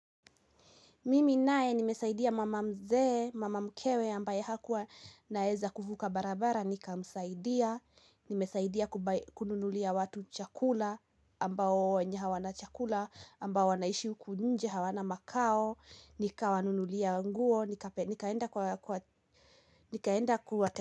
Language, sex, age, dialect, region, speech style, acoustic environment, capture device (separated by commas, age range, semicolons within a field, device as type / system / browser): Swahili, female, 18-24, Kenya - Kiambu, Kenya, anxious, appliances, mobile / Linux / Chrome